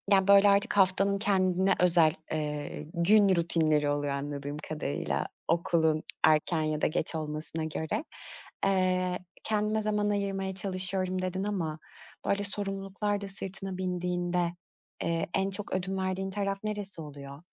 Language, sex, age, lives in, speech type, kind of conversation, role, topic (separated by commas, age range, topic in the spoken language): Turkish, female, 35-39, Greece, conversation, podcast, host, İş ve dinlenme dengesini günlük rutinin içinde nasıl kuruyorsun?